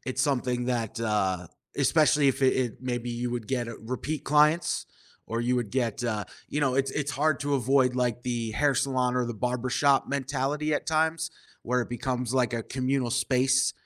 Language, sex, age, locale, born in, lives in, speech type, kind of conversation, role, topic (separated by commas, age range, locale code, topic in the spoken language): English, male, 30-34, en-US, United States, United States, conversation, podcast, host, How do you stay motivated and focused when life takes an unexpected turn?